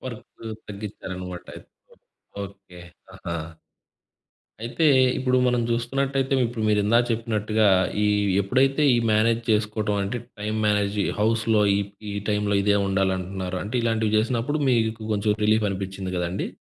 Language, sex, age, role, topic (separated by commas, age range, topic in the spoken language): Telugu, male, 20-24, host, పని, కుటుంబం, హాబీలు—ఈ మూడింటినీ మీరు సరైన సమతుల్యంతో ఎలా నిర్వహిస్తారు?